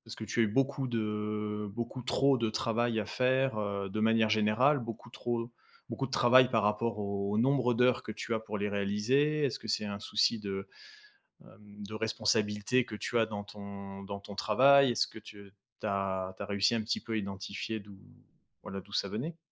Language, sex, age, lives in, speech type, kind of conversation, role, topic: French, male, 35-39, France, conversation, advice, advisor, Pourquoi est-ce que je me sens coupable de prendre un arrêt maladie pour un burn-out ?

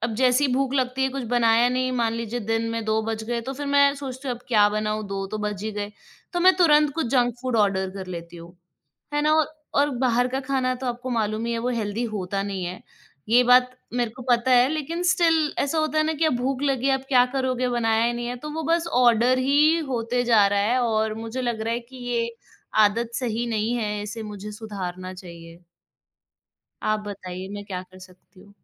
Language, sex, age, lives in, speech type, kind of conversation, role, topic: Hindi, female, 25-29, India, conversation, advice, user, आप काम के बाद लगातार जंक फ़ूड पर निर्भर क्यों हो जाते हैं?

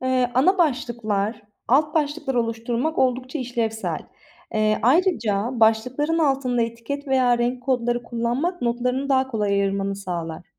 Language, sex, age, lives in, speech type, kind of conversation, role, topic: Turkish, female, 25-29, Italy, read, expert-assistant, expert, Dijital not almaya nasıl alışabilirim?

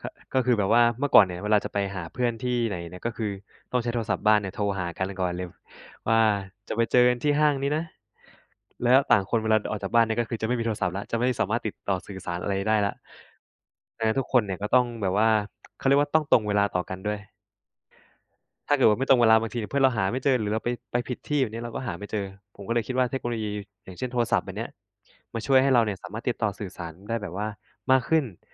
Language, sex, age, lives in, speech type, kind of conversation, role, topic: Thai, male, 25-29, Thailand, conversation, unstructured, none, คุณคิดว่าเทคโนโลยีช่วยให้คุณมีความสุขกับชีวิตมากขึ้นไหม?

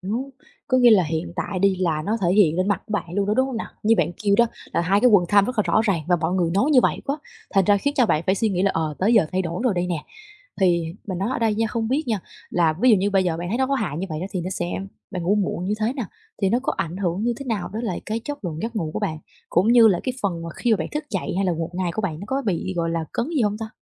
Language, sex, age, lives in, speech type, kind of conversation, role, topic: Vietnamese, female, 20-24, Vietnam, conversation, advice, advisor, Làm sao để giới hạn thời gian dùng điện thoại trước khi đi ngủ mỗi tối?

